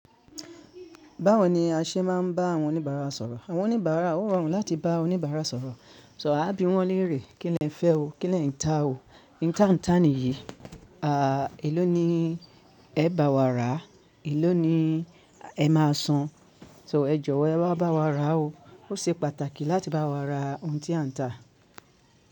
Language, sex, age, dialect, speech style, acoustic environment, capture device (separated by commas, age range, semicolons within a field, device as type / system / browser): Yoruba, male, 25-34, Nigeria - Ibadan, happy; focused; anxious, silence, mobile / Linux / Chrome